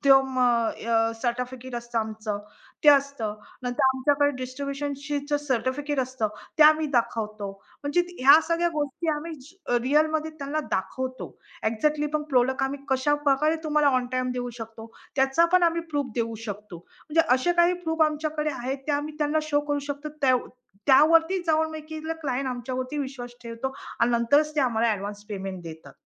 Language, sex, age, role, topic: Marathi, female, 45-49, guest, लोकांशी विश्वास निर्माण करण्यासाठी तुम्ही काय करता?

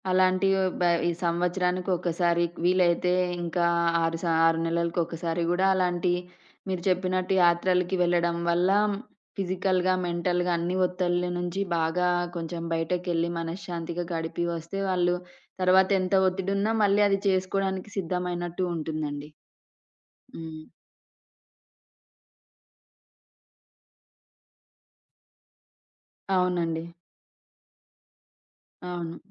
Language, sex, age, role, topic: Telugu, female, 30-34, host, ఒకసారి అలసటతో పూర్తిగా కుంగిపోయిన తర్వాత మీరు మళ్లీ మీ సాధారణ దినచర్యలోకి ఎలా వచ్చారు?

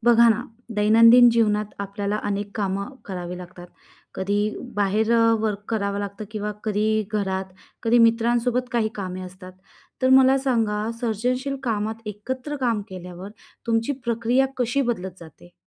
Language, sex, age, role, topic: Marathi, female, 30-34, host, सर्जनशील कामात इतरांसोबत एकत्र काम करताना तुमची काम करण्याची प्रक्रिया कशी बदलते?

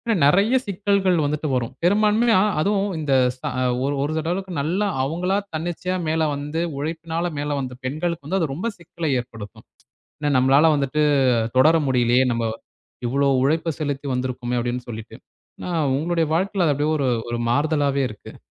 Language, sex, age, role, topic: Tamil, male, 20-24, host, குடும்பம் வெற்றியை எப்படி வரையறுக்கிறது?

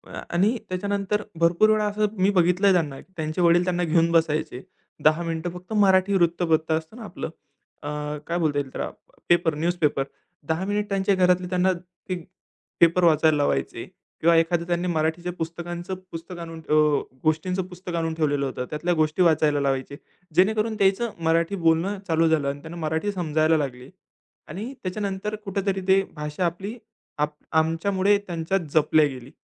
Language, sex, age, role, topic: Marathi, male, 20-24, guest, भाषा जपण्यासाठी तुम्ही काय करता?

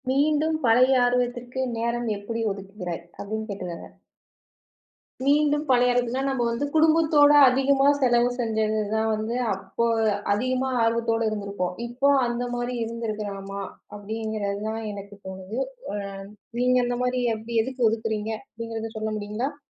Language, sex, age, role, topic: Tamil, female, 35-39, host, நீங்கள் மீண்டும் உங்கள் பழைய ஆர்வத்தைத் தொடங்க, நேரத்தை எப்படி ஒதுக்குகிறீர்கள்?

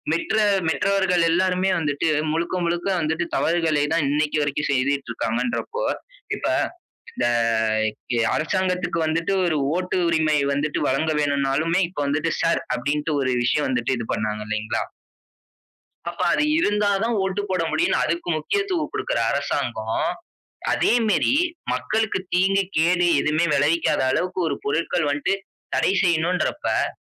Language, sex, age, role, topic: Tamil, male, 20-24, guest, பிளாஸ்டிக் இல்லாத வாழ்க்கையை நாம் எப்படிச் சாத்தியமாக்கலாம்?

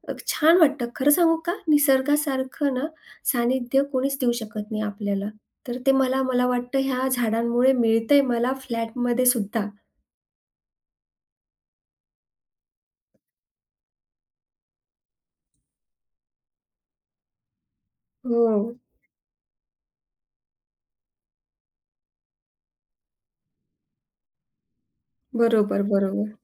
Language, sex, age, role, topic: Marathi, female, 40-44, guest, तुझा असा कोणता छंद आहे जो तू आजही नियमितपणे करतोस?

